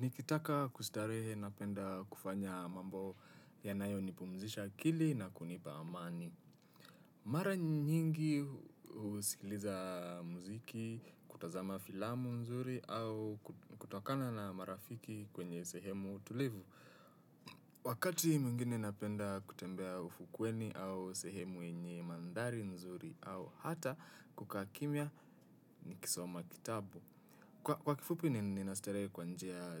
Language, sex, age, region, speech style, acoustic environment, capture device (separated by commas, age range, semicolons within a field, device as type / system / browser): Swahili, male, 18-24, Kenya, happy; focused; excited, nature, desktop / Windows / Chrome